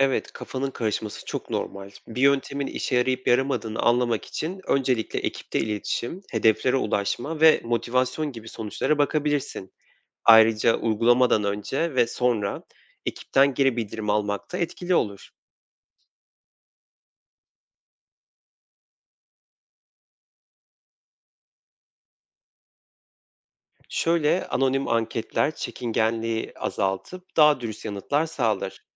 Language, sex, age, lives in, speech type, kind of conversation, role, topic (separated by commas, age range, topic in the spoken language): Turkish, male, 25-29, Poland, read, expert-assistant, expert, Birinin liderlik önerilerini duyunca, onların gerçekten işe yarayıp yaramadığını nasıl anlayabiliriz?